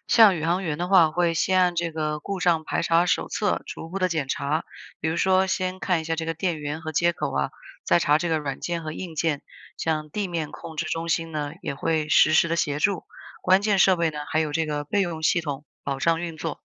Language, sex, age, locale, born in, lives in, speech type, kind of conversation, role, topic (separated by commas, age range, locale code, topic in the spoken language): Chinese, female, 30-34, zh-CN, China, United States, read, expert-assistant, expert, 空间站里如果仪器出问题，宇航员一般会怎样一步步排查？